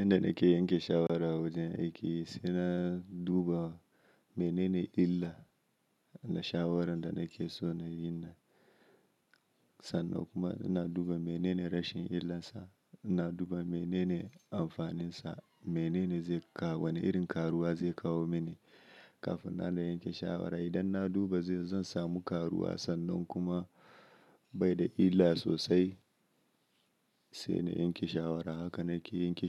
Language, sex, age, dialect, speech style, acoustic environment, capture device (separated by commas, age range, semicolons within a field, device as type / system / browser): Hausa, male, 25-34, Nigeria - Nigerian Standard, happy; anxious, silence; nature, mobile / Linux / Chrome